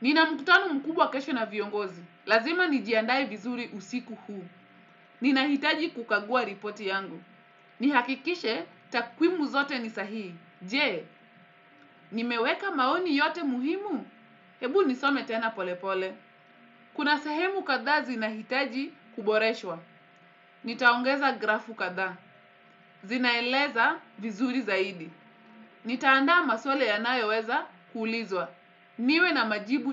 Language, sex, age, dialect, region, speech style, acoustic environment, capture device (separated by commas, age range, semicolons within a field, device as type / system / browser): Swahili, female, 25-34, Kenya - Mombasa, Kenya, relaxed, silence, desktop / Windows / Edge